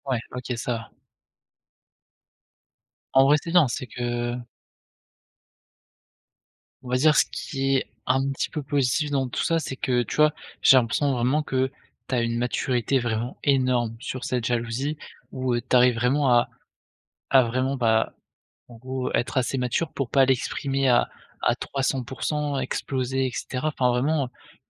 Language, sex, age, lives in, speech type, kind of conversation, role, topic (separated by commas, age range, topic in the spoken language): French, male, 20-24, France, conversation, advice, advisor, Comment gérer une jalousie qui crée des tensions fréquentes ?